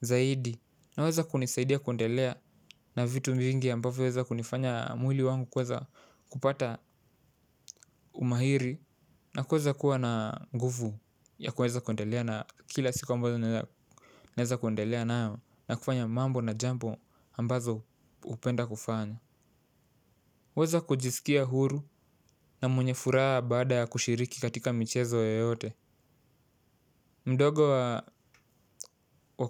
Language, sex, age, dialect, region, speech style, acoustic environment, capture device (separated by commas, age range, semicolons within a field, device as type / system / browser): Swahili, male, 18-24, Kenya - Mombasa, Kenya, relaxed; focused, silence, mobile / Linux / Chrome